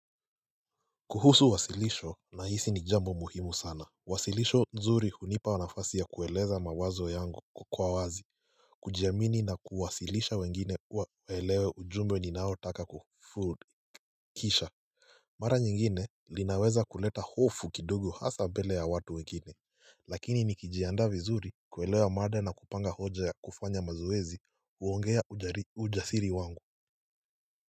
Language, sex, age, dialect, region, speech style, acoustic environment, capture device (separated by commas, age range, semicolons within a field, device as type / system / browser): Swahili, male, 18-24, Kenya - Mombasa, Kenya, happy; relaxed, silence, mobile / Linux / Chrome